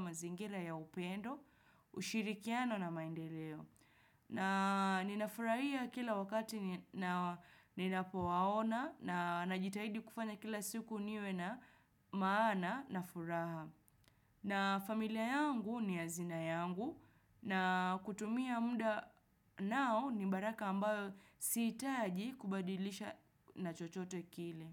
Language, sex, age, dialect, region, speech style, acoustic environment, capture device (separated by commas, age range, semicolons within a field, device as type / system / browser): Swahili, female, 25-34, Kenya - Nairobi Sheng-influenced, Kenya, relaxed; focused, nature; silence, desktop / Windows / Chrome